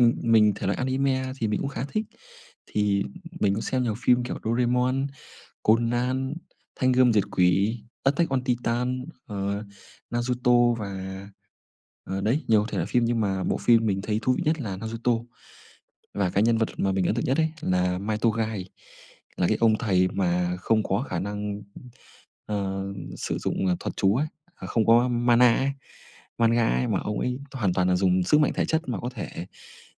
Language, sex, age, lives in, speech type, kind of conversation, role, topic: Vietnamese, male, 25-29, Vietnam, conversation, unstructured, none, Bạn có thể kể về bộ phim bạn thích nhất không?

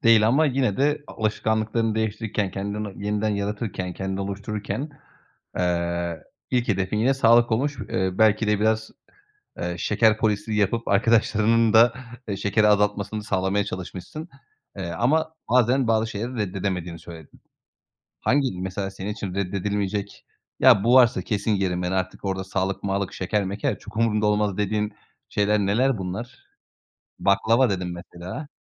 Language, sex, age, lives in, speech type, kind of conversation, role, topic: Turkish, male, 30-34, Bulgaria, conversation, podcast, host, Kendini yeniden inşa ederken hangi alışkanlıklarını değiştirdin?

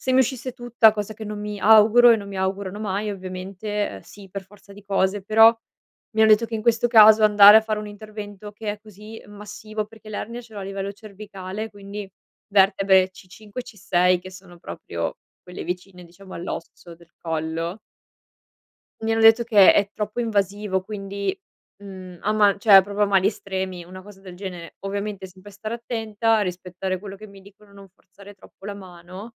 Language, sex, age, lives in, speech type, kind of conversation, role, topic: Italian, female, 25-29, Italy, conversation, advice, user, Come posso ritrovare la motivazione dopo un infortunio sportivo?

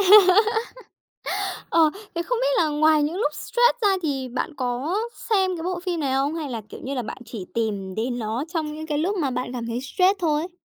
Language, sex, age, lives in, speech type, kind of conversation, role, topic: Vietnamese, female, 20-24, Japan, conversation, podcast, host, Bạn có thể chia sẻ về một bộ phim mà mỗi khi xem, bạn thấy bớt lo lắng không?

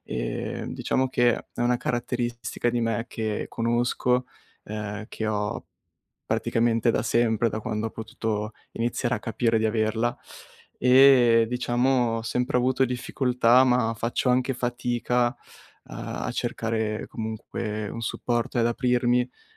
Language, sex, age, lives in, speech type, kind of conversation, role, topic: Italian, male, 20-24, Italy, conversation, advice, user, Come posso evitare di farmi coinvolgere dalle emozioni quando mi sento sopraffatto?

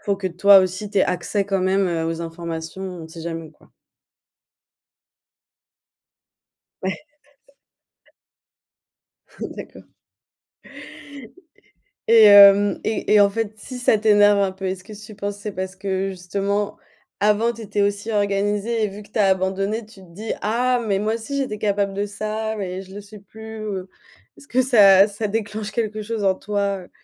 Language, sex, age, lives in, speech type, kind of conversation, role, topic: French, female, 25-29, Germany, conversation, podcast, host, Comment gères-tu le tri des papiers et des factures à la maison ?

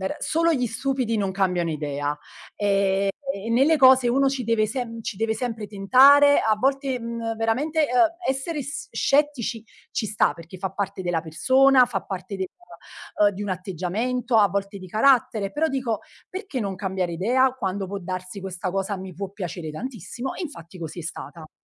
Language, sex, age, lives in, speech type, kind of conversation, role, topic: Italian, female, 35-39, Italy, conversation, podcast, guest, Qual è un viaggio che ti ha cambiato la vita?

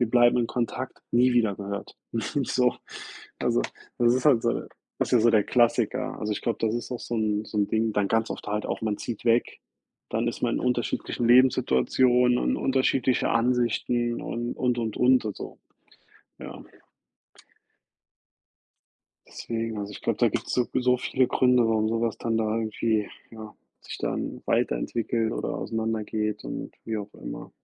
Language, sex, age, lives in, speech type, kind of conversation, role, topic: German, male, 30-34, United States, conversation, unstructured, none, Wie gehst du damit um, wenn sich Freundschaften verändern?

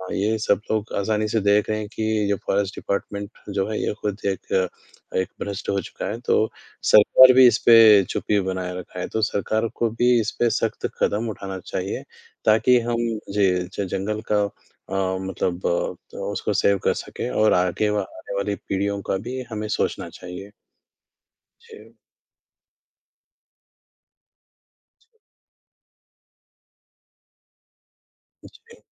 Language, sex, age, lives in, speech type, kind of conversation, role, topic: Hindi, male, 20-24, India, conversation, unstructured, none, हमारे जंगलों के कटने से कौन-कौन से खतरे पैदा हो सकते हैं?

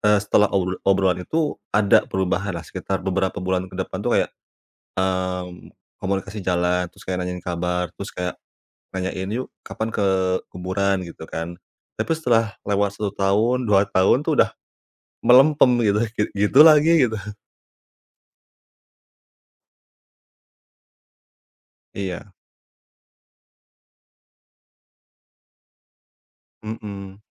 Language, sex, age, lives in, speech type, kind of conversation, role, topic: Indonesian, male, 30-34, Indonesia, conversation, advice, user, Bagaimana cara memperbaiki komunikasi antara saya dan saudara saya?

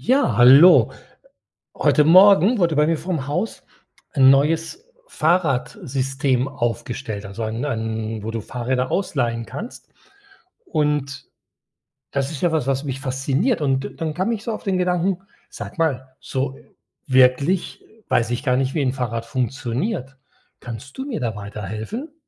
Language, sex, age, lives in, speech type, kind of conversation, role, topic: German, male, 60-64, Spain, read, expert-assistant, asker, Wie funktioniert ein Fahrrad?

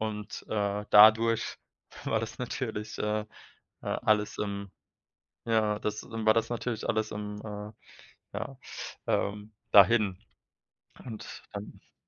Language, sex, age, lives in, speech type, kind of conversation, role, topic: German, male, 25-29, Germany, conversation, unstructured, none, Wie beeinflusst Technik deinen Alltag am stärksten?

